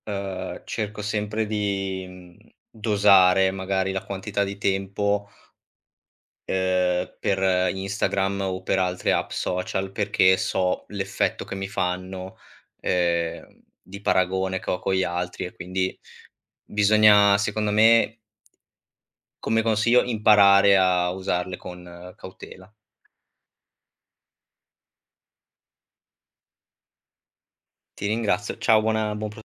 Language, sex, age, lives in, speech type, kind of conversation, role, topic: Italian, male, 25-29, Italy, conversation, podcast, guest, Come si può combattere la solitudine nella vita di tutti i giorni?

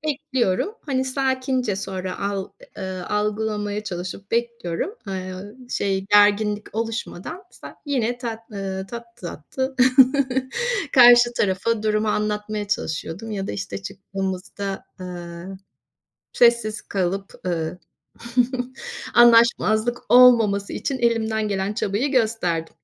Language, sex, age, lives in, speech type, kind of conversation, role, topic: Turkish, female, 50-54, Spain, conversation, podcast, guest, Aile içinde anlaşmazlıkları genelde nasıl çözüyorsunuz?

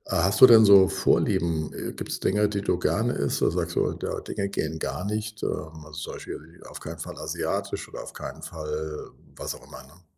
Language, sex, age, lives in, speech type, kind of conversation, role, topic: German, male, 60-64, Germany, conversation, advice, advisor, Wie kann ich bei begrenztem Budget eine gesunde Ernährung planen?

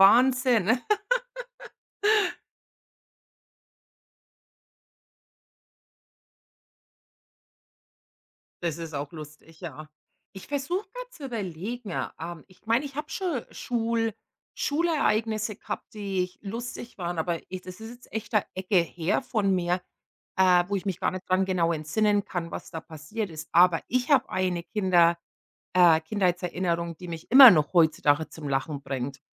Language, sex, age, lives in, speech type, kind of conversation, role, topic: German, female, 45-49, United States, conversation, unstructured, none, Welche Kindheitserinnerung bringt dich zum Lachen?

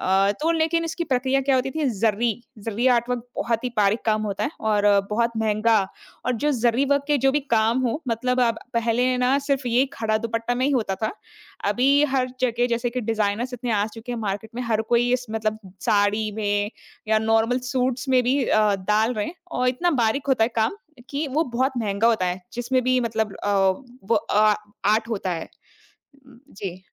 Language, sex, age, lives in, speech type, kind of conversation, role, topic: Hindi, female, 25-29, India, conversation, podcast, guest, किस कपड़े से जुड़ी आपकी कोई खास याद क्या है?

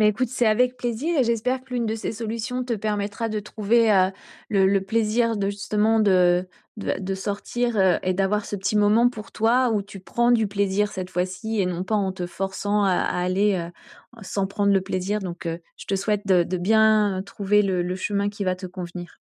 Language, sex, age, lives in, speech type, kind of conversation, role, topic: French, female, 45-49, France, conversation, advice, advisor, Comment puis-je rester sociable sans avoir l’impression de me forcer à chaque sortie ?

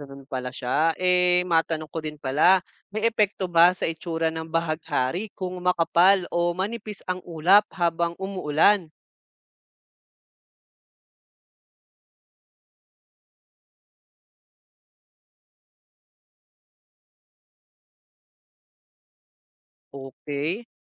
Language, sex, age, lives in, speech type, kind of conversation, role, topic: Filipino, male, 25-29, Philippines, read, expert-assistant, asker, Paano nagkakaroon ng kulay ang bahaghari?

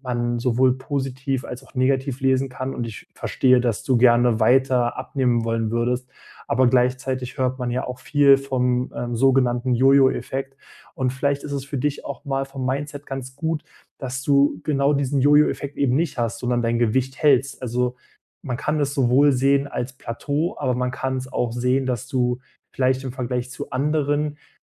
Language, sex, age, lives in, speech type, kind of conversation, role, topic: German, male, 25-29, Germany, conversation, advice, advisor, Warum verändern sich mein Gewicht und mein Körper trotz gesunder Ernährung nur so langsam?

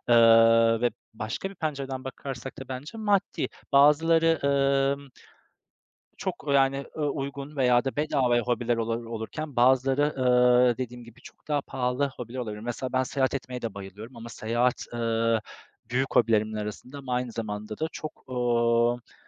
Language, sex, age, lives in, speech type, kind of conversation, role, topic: Turkish, male, 35-39, Poland, conversation, unstructured, none, Hobilerin yeni beceriler öğrenmene nasıl yardımcı oluyor?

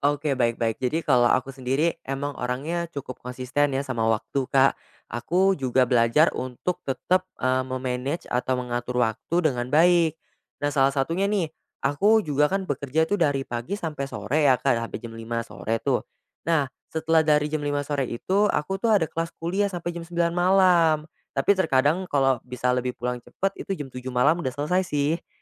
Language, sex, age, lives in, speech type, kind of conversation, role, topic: Indonesian, male, 20-24, Indonesia, conversation, podcast, guest, Bagaimana cara kamu mengatur waktu antara belajar dan kehidupan sehari-hari?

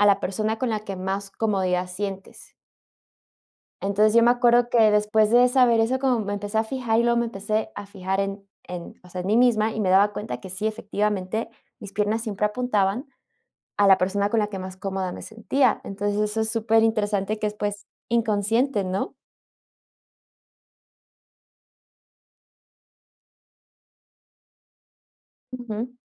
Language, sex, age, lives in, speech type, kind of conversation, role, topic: Spanish, female, 30-34, United States, conversation, podcast, host, ¿Cómo influye tu lenguaje corporal en la manera en que los demás perciben tu imagen?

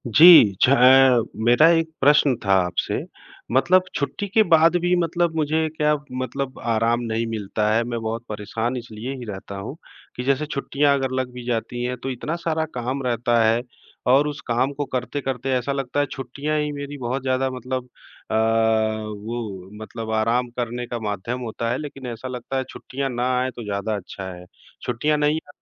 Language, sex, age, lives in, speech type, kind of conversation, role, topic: Hindi, male, 40-44, India, conversation, advice, user, छुट्टी के बाद भी आपको पूरा आराम क्यों नहीं मिल रहा है?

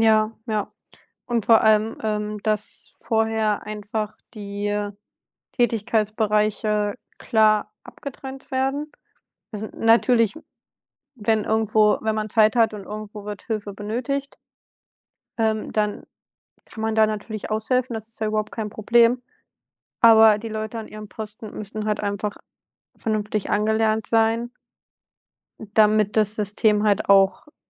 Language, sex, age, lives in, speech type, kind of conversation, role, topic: German, female, 25-29, Germany, conversation, unstructured, none, Was war dein erster Job, und was hast du daraus gelernt?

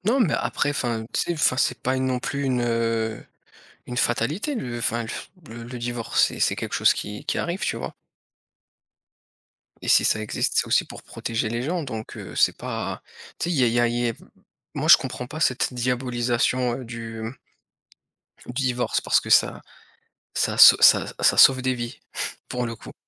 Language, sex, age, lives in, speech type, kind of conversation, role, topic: French, male, 30-34, France, conversation, unstructured, none, Où vous voyez-vous dans cinq ans sur le plan du développement personnel ?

